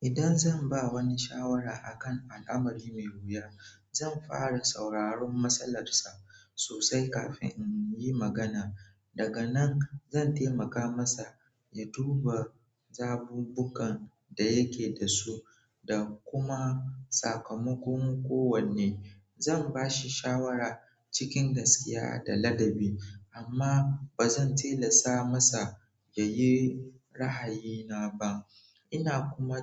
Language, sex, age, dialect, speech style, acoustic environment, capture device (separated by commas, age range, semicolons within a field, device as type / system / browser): Hausa, male, 18-24, Nigeria - Nigerian Standard, focused; relaxed, people talking; nature, mobile / Android / Chrome